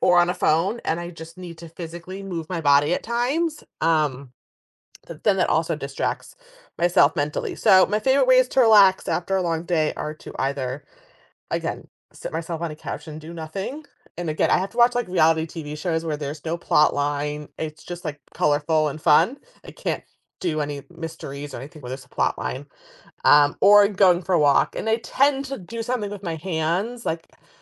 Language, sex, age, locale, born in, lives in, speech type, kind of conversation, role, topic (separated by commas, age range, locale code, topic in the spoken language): English, female, 35-39, en-US, United States, United States, conversation, podcast, guest, How do you find balance and unwind after a stressful day?